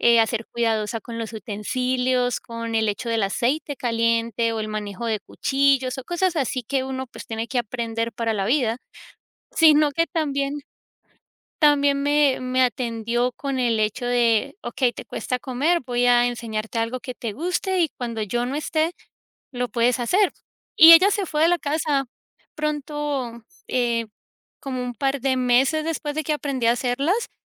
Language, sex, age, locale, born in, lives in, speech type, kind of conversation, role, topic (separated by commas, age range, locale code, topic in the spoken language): Spanish, female, 35-39, es-CO, United States, United States, conversation, podcast, guest, ¿Tienes algún recuerdo de infancia ligado a una comida?